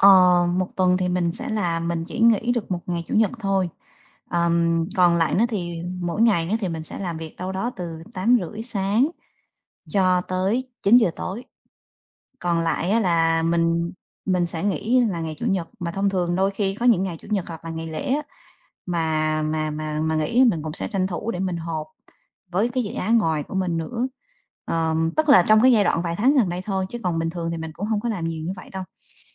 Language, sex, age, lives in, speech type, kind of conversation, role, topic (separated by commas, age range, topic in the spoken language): Vietnamese, female, 35-39, Vietnam, conversation, advice, user, Làm thế nào để cân bằng giữa công việc khởi nghiệp và gia đình?